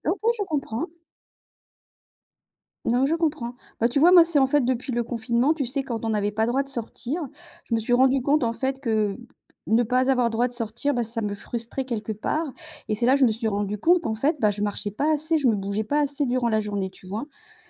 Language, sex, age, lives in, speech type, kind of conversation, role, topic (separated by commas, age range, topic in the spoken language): French, female, 55-59, France, conversation, unstructured, none, Qu’est-ce que tu aimes faire pour te changer les idées ?